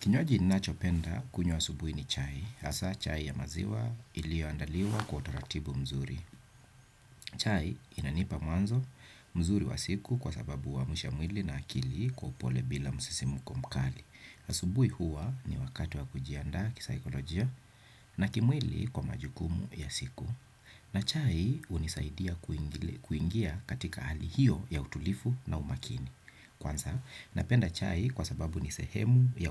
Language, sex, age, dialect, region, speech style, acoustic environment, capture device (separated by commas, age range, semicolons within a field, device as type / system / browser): Swahili, male, 35-44, Kenya - Nairobi Sheng-influenced, Kenya, relaxed; focused, nature, desktop / Windows / Chrome